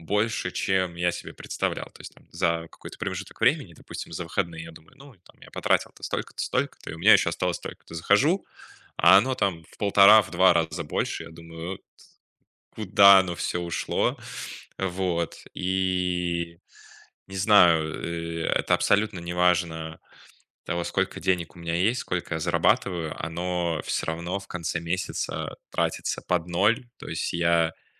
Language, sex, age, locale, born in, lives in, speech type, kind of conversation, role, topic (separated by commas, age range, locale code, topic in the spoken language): Russian, male, 20-24, ru-RU, Russia, Germany, conversation, advice, user, Как составить план расходов, чтобы мне было легче придерживаться бюджета?